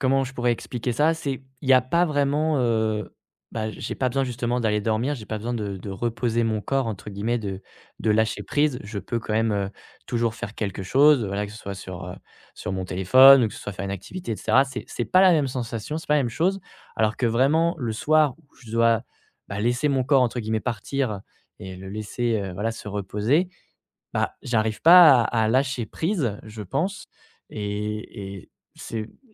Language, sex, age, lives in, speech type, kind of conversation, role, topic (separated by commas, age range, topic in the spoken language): French, male, 25-29, France, conversation, advice, user, Comment faire pour arrêter les ruminations nocturnes qui m’empêchent de m’endormir ?